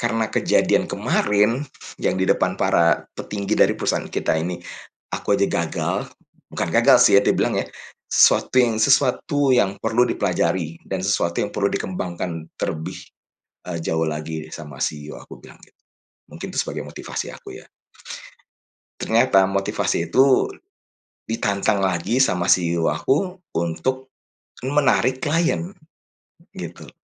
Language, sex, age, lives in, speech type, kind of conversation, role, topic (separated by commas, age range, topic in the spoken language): Indonesian, male, 45-49, Indonesia, conversation, advice, user, Bagaimana cara mengatasi kecemasan sebelum presentasi penting di depan banyak orang?